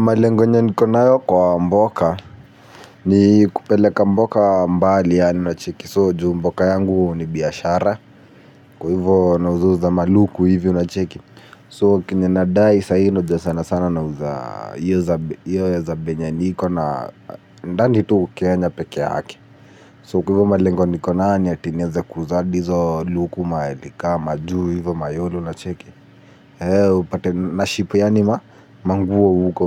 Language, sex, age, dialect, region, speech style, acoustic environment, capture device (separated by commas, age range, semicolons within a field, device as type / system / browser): Swahili, male, 18-24, Kenya - Nairobi Sheng-influenced, Kenya, happy, music; nature, mobile / Linux / Chrome